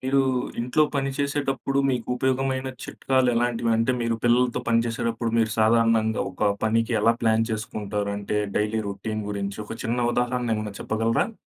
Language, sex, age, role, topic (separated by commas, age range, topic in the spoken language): Telugu, male, 25-29, host, పిల్లలతో ఇంట్లో పనులు చేస్తూ ఉండేటప్పుడు మీకు ఉపయోగపడిన చిట్కాలు ఏవి?